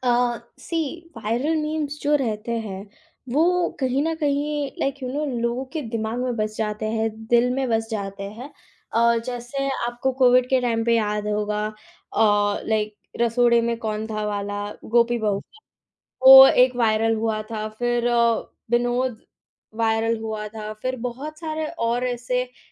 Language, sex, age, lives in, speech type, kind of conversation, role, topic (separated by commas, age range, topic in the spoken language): Hindi, female, 18-19, India, conversation, podcast, guest, Viral memes pop culture ko kaise shape karte hain, explain karo?